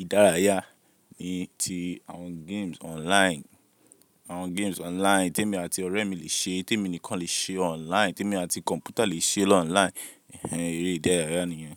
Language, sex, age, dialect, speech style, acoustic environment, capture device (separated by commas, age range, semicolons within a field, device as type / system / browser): Yoruba, male, 18-24, Nigeria - Lagos, relaxed, people talking; music; appliances; construction, mobile / Linux / Chrome